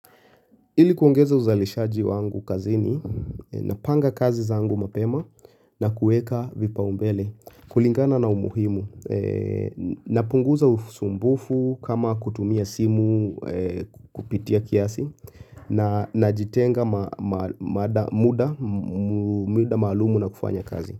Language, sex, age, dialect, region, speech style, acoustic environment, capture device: Swahili, male, 25-34, Kenya - Nairobi Sheng-influenced, Kenya, relaxed; focused; nervous; anxious; excited, silence, mobile / Linux / Chrome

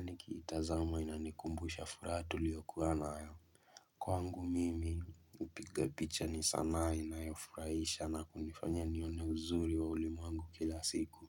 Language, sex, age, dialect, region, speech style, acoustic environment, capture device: Swahili, male, 18-24, Kenya - Mombasa, Kenya, focused, silence, mobile / Linux / Chrome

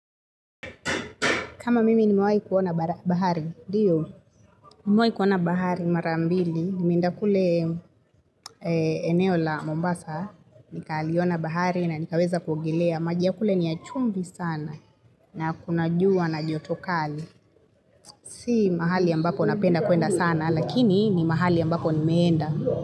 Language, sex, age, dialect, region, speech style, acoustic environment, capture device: Swahili, female, 25-34, Kenya - Nairobi Sheng-influenced, Kenya, relaxed, people talking; traffic; construction, mobile / Linux / Chrome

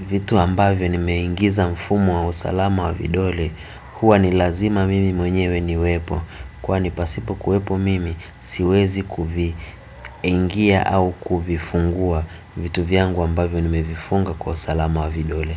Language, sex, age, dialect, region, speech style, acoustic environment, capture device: Swahili, male, 25-34, Tanzania - Dar es Salaam, Tanzania, relaxed, silence; nature, desktop / Windows / Chrome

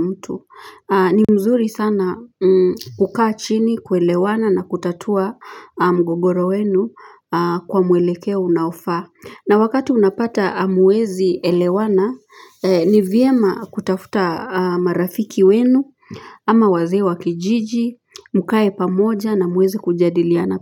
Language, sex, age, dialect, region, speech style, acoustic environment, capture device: Swahili, female, 35-44, Kenya - Nairobi Sheng-influenced, Kenya, relaxed, silence, mobile / Linux / Chrome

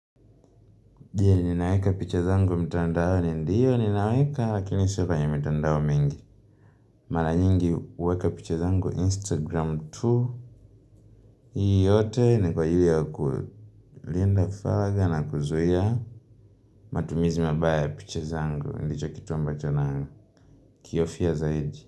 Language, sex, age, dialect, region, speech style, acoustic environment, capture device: Swahili, male, 18-24, Tanzania - Dar es Salaam, Tanzania, relaxed, nature, mobile / Linux / Chrome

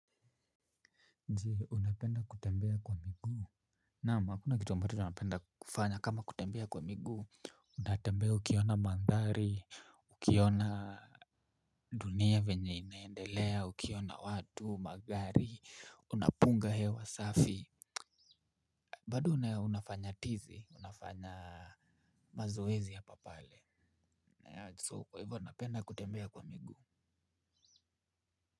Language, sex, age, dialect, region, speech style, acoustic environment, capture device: Swahili, male, 25-34, Kenya - Nairobi Sheng-influenced, Kenya, relaxed, silence, mobile / Linux / Chrome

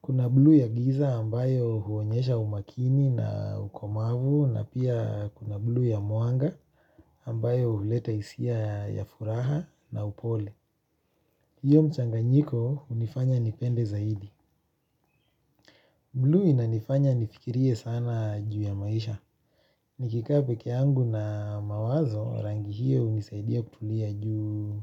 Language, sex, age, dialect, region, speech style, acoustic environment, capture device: Swahili, male, 25-34, Kenya - Nairobi Sheng-influenced, Kenya, relaxed, nature, mobile / Linux / Chrome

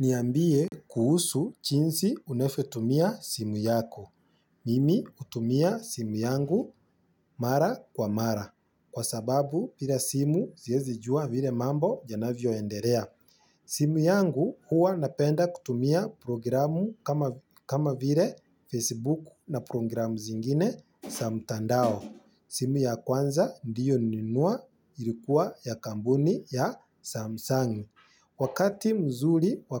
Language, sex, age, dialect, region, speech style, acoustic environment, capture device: Swahili, male, 25-34, Kenya - Nairobi Sheng-influenced, Kenya, happy, silence, mobile / Linux / Chrome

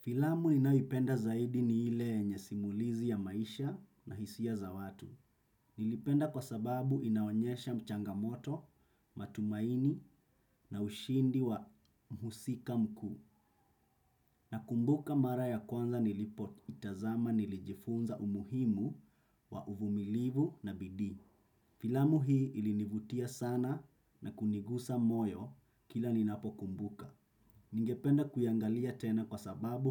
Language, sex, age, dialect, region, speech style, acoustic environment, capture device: Swahili, male, 25-34, Kenya - Mombasa, Kenya, focused; relaxed, silence, desktop / Windows / Chrome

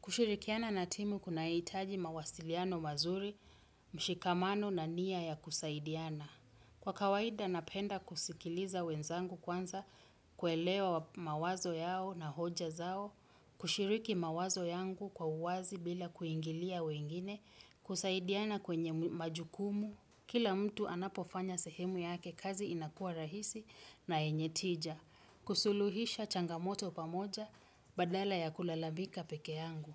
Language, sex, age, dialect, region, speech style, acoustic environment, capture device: Swahili, female, 35-44, Kenya - Mombasa, Kenya, happy, nature, desktop / Windows / Chrome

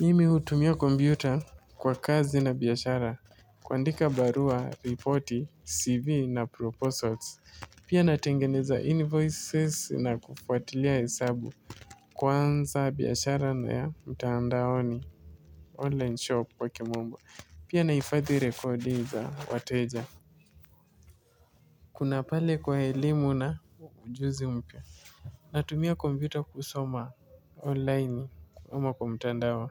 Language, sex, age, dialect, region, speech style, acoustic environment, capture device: Swahili, male, 18-24, Kenya - Mombasa, Kenya, relaxed; focused, nature; appliances; silence, mobile / Linux / Chrome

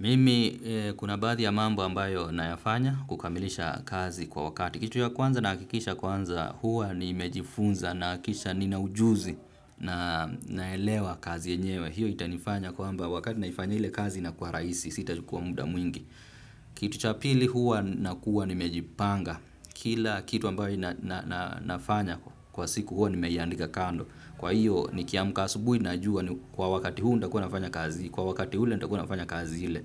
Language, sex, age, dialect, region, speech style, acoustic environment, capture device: Swahili, male, 25-34, Kenya - Nairobi Sheng-influenced, Kenya, relaxed, traffic, desktop / Windows / Firefox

